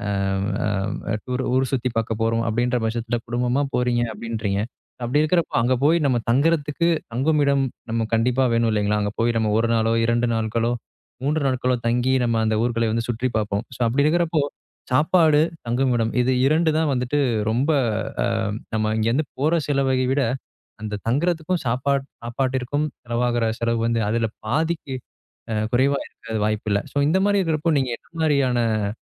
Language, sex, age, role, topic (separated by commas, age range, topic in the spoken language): Tamil, male, 25-29, host, குறைந்த செலவில் பயணம் செய்ய நீங்கள் என்னென்ன குறிப்புகள் சொல்வீர்கள்?